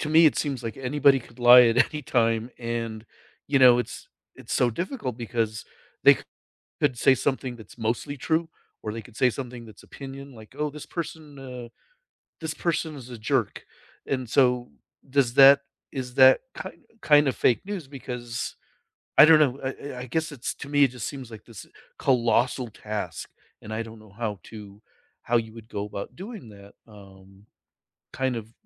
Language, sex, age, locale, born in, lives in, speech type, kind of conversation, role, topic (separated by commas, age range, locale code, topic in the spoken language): English, male, 55-59, en-US, United States, United States, conversation, unstructured, none, Should companies be responsible for fake news on their platforms?